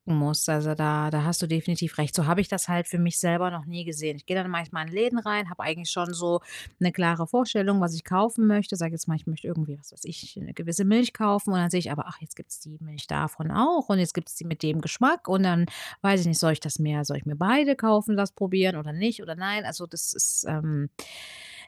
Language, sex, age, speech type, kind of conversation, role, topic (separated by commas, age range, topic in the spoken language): German, female, 35-39, conversation, advice, user, Wie kann ich meine Probleme beim Einkaufen besser bewältigen?